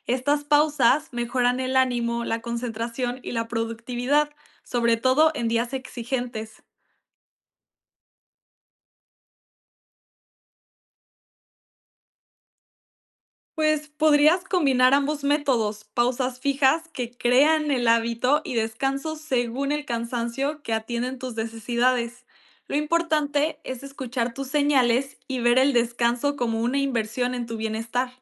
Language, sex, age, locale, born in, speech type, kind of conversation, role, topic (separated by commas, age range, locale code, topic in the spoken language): Spanish, female, 18-19, es-MX, Mexico, read, expert-assistant, expert, ¿Qué hábitos diarios simples realmente marcan la diferencia en tu productividad personal?